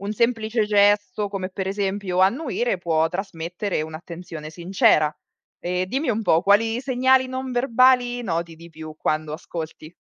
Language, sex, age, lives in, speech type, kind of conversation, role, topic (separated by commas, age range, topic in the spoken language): Italian, female, 35-39, Italy, read, expert-assistant, expert, Qual è il ruolo dell’empatia nella comunicazione efficace e come posso coltivarla nelle mie relazioni quotidiane?